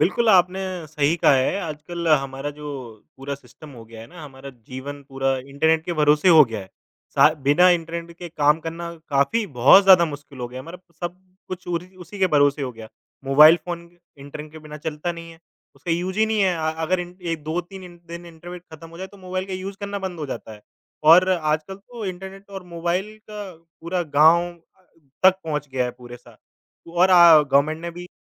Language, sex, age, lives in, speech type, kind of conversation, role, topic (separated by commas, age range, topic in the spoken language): Hindi, male, 25-29, India, conversation, unstructured, none, क्या आपको लगता है कि आज इंटरनेट के बिना जीवन कठिन हो जाएगा?